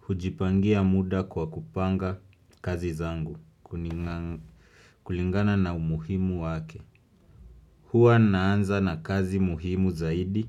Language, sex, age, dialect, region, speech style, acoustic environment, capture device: Swahili, male, 25-34, Kenya - Nairobi Sheng-influenced, Kenya, relaxed, music, desktop / Windows / Chrome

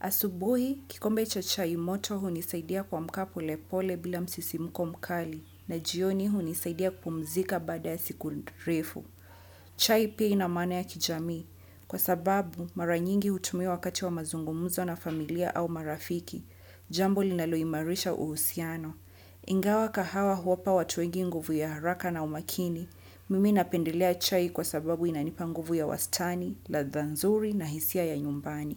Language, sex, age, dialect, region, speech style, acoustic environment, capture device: Swahili, female, 25-34, Kenya - Mombasa, Kenya, happy, nature, desktop / Windows / Chrome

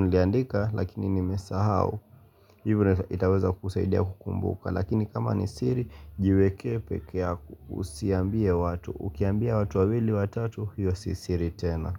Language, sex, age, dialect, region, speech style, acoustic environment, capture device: Swahili, male, 18-24, Kenya - Mombasa, Kenya, relaxed, silence, mobile / Linux / Chrome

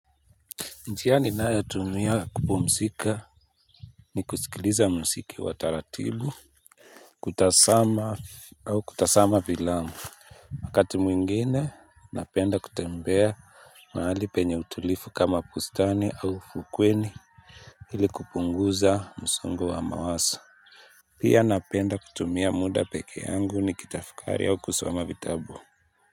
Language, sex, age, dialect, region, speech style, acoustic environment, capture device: Swahili, male, 25-34, Kenya - Nairobi Sheng-influenced, Kenya, happy; relaxed; focused, people talking; appliances; silence, mobile / Linux / Chrome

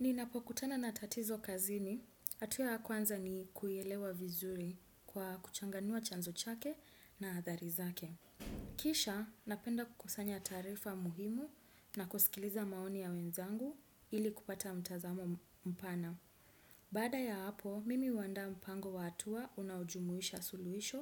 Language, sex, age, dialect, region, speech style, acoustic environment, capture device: Swahili, female, 25-34, Kenya - Nairobi Sheng-influenced, Kenya, anxious, silence, desktop / Windows / Chrome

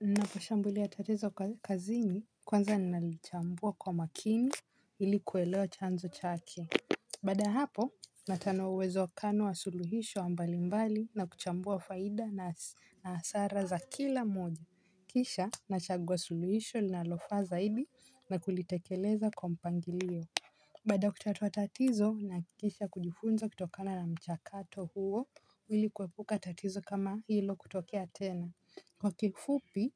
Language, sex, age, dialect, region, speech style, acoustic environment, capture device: Swahili, female, 18-24, Kenya - Mombasa, Kenya, happy, silence, mobile / Linux / Chrome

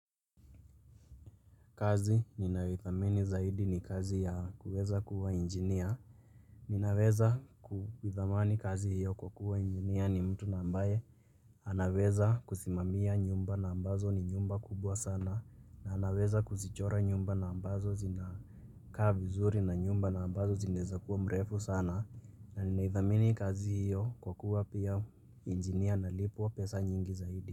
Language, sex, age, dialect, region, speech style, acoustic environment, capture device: Swahili, male, 18-24, Kenya - Nairobi Sheng-influenced, Kenya, focused; relaxed, people talking; nature, mobile / Linux / Chrome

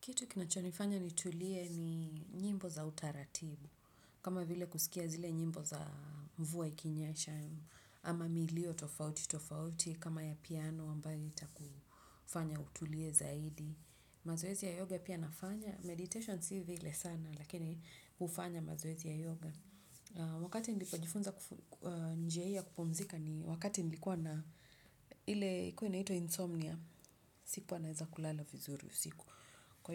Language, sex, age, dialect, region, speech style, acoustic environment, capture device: Swahili, female, 35-44, Kenya - Nairobi Sheng-influenced, Kenya, relaxed, nature; silence, desktop / Windows / Chrome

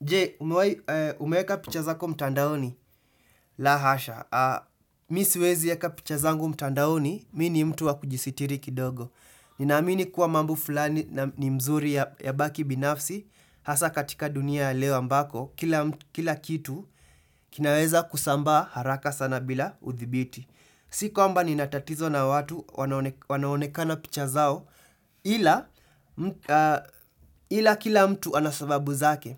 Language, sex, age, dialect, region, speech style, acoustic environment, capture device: Swahili, male, 18-24, Kenya - Nairobi Sheng-influenced, Kenya, happy; excited, silence, desktop / Windows / Chrome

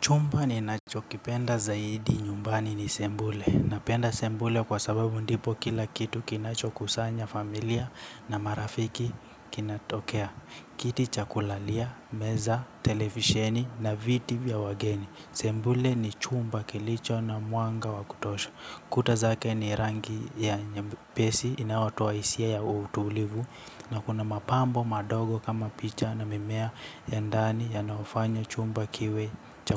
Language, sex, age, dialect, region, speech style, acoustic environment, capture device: Swahili, male, 18-24, Kenya - Nairobi Sheng-influenced, Kenya, relaxed, appliances; nature, mobile / Linux / Chrome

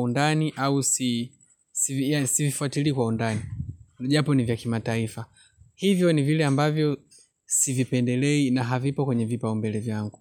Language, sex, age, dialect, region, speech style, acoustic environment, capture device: Swahili, male, 25-34, Tanzania - Dar es Salaam, Tanzania, relaxed, nature, mobile / Linux / Chrome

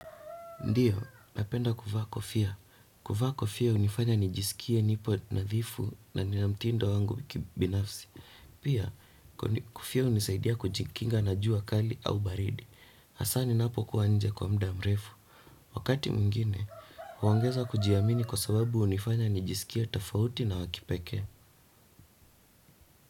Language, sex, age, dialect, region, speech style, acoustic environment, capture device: Swahili, male, 18-24, Kenya - Nairobi Sheng-influenced, Kenya, relaxed; happy, silence, desktop / Windows / Chrome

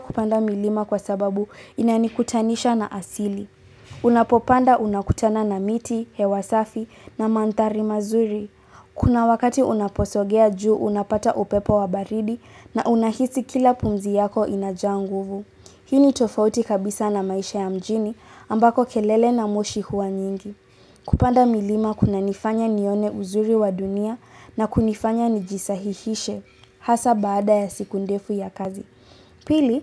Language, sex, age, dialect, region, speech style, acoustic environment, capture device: Swahili, female, 18-24, Kenya - Nairobi Sheng-influenced, Kenya, relaxed, silence; appliances; people talking, mobile / Linux / Chrome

